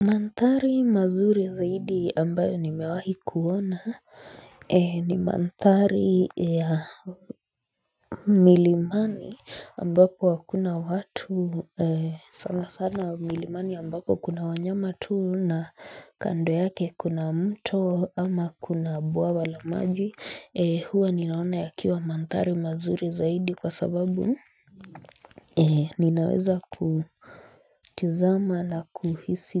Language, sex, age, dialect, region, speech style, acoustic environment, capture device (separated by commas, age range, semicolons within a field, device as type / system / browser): Swahili, female, 25-34, Kenya - Nairobi Sheng-influenced, Kenya, relaxed; focused, silence, mobile / Linux / Chrome